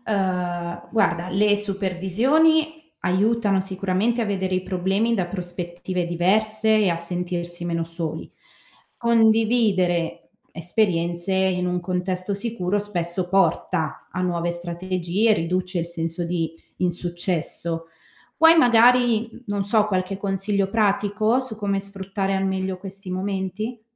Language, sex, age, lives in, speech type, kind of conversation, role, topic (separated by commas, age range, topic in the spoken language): Italian, female, 30-34, Italy, read, expert-assistant, expert, Come si può imparare a creare fiducia con i pazienti, anche quando sono preoccupati o nervosi?